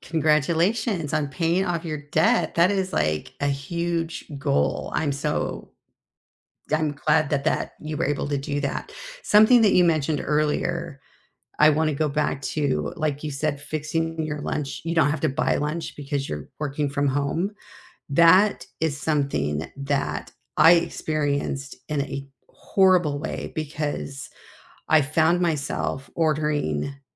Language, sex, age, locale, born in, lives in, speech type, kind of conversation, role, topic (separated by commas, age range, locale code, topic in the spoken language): English, female, 60-64, en-US, United States, United States, conversation, unstructured, none, What simple money habit helps your workdays feel calmer, and how did you make it stick?